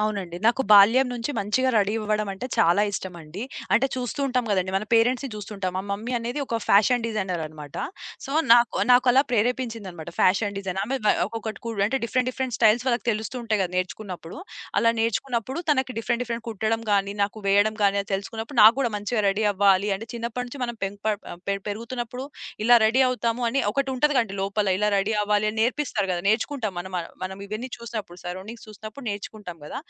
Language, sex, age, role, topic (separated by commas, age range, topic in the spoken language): Telugu, female, 25-29, guest, సామాజిక మాధ్యమాలు మీ వ్యక్తిగత అలంకరణ శైలిపై ఎంతవరకు ప్రభావం చూపిస్తాయి?